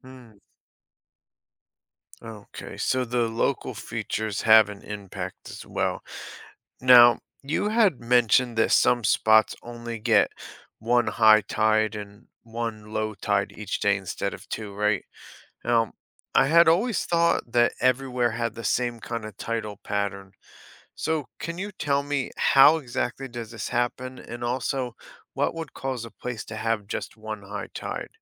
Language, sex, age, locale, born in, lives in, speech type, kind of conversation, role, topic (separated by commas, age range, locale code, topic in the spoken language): English, male, 35-39, en-US, United States, United States, read, expert-assistant, asker, What causes tides in the ocean?